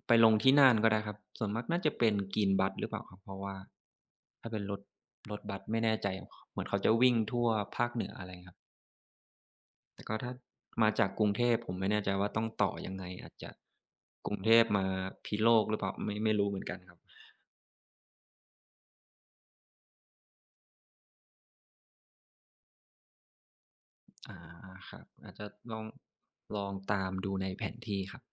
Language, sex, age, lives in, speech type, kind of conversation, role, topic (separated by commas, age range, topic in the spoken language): Thai, male, 25-29, Thailand, conversation, unstructured, none, คุณคิดว่าความสุขในชีวิตมีความหมายว่าอย่างไร?